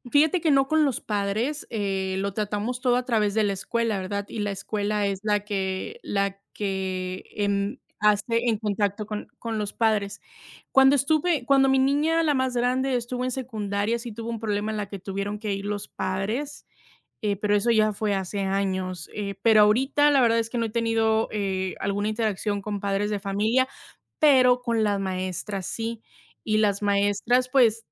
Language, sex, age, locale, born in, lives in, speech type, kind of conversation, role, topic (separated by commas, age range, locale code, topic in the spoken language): Spanish, female, 30-34, es-MX, Mexico, United States, conversation, unstructured, none, ¿Por qué hay tantos problemas de acoso escolar en las escuelas?